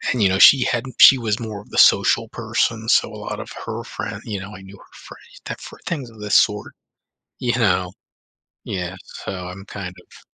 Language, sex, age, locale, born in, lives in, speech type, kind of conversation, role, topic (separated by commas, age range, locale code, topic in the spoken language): English, male, 35-39, en-US, United States, United States, conversation, advice, user, How can I cope with ending a long-term relationship?